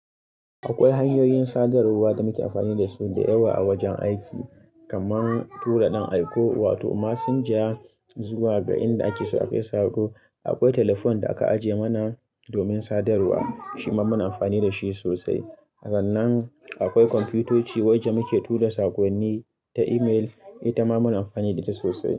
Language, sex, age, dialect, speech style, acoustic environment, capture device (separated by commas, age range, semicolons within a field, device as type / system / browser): Hausa, male, 25-34, Nigeria - Kano, focused, people talking; music, mobile / Linux / Chrome